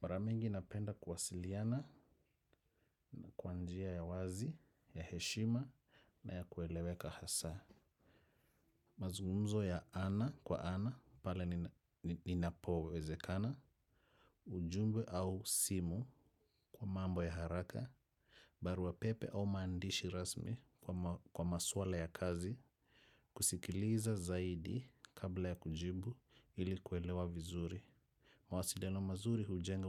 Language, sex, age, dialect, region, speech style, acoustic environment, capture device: Swahili, male, 35-44, Kenya - Nairobi Sheng-influenced, Kenya, focused, silence, desktop / macOS / Chrome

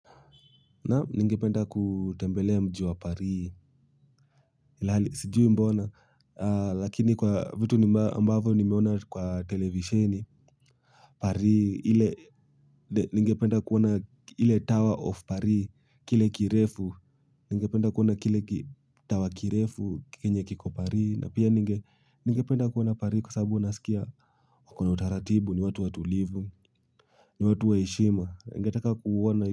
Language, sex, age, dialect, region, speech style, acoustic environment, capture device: Swahili, male, 18-24, Kenya - Nairobi Sheng-influenced, Kenya, happy; excited, silence, mobile / Linux / Chrome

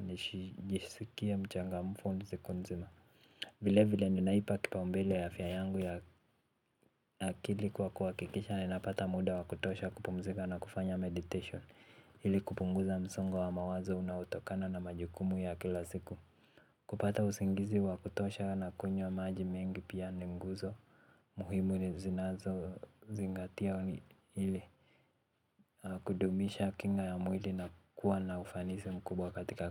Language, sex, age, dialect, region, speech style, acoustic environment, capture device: Swahili, male, 18-24, Kenya - Nairobi Sheng-influenced, Kenya, relaxed, silence, mobile / Linux / Chrome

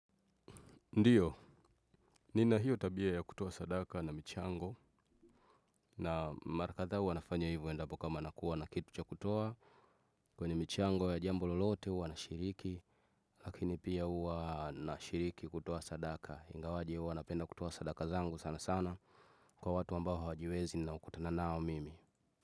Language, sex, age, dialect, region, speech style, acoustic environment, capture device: Swahili, male, 25-34, Tanzania - Dar es Salaam, Tanzania, focused, nature, desktop / Windows / Chrome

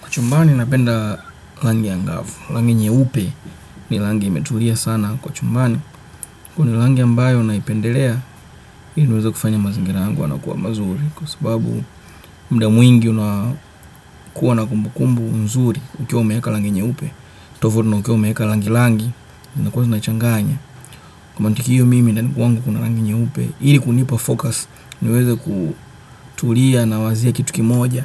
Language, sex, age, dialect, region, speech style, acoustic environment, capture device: Swahili, male, 25-34, Kenya - Nairobi Sheng-influenced, Kenya, relaxed, nature, desktop / Windows / Chrome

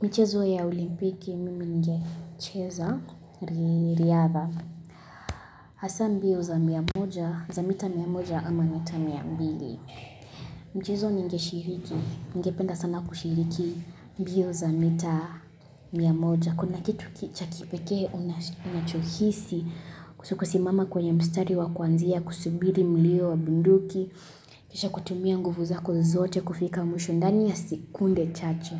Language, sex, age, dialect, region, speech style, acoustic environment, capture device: Swahili, female, 18-24, Kenya - Kitui, Kenya, happy, silence, mobile / Linux / Chrome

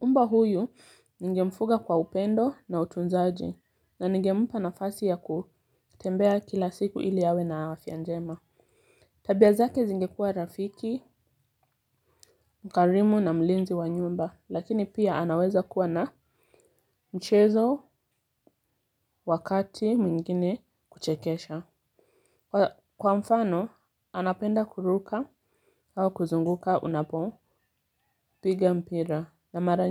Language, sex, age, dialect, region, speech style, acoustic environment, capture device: Swahili, female, 25-34, Kenya - Mombasa, Kenya, anxious; relaxed, silence, mobile / Linux / Chrome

